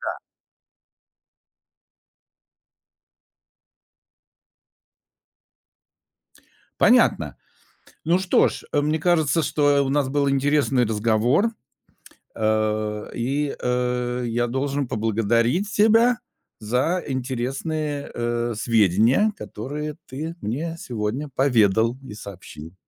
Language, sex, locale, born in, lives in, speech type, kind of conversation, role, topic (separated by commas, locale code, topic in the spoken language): Russian, male, ru-RU, Russia, Germany, conversation, podcast, host, Как убрать пластик из дома?